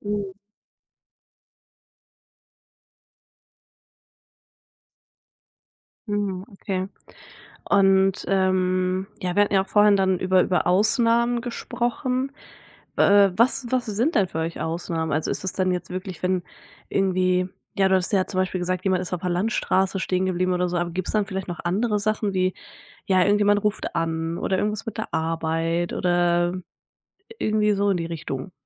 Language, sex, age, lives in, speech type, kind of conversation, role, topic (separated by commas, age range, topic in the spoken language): German, female, 20-24, Germany, conversation, podcast, host, Welche Regeln habt ihr für Handys beim Familienessen?